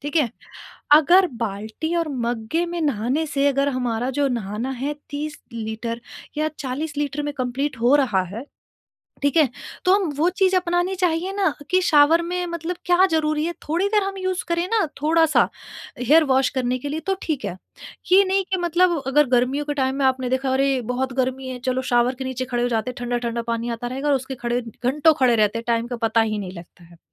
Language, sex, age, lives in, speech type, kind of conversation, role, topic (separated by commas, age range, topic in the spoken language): Hindi, female, 30-34, India, conversation, podcast, guest, Aap paani bachane ke kaunse practical tareeke follow karte hain?